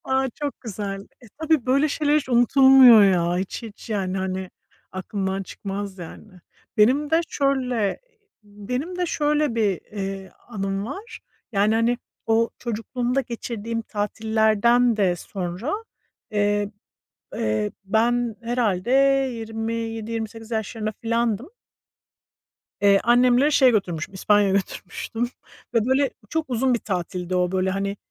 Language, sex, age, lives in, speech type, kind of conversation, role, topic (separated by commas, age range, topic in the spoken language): Turkish, female, 40-44, United States, conversation, unstructured, none, Ailenle geçirdiğin en unutulmaz tatil hangisiydi?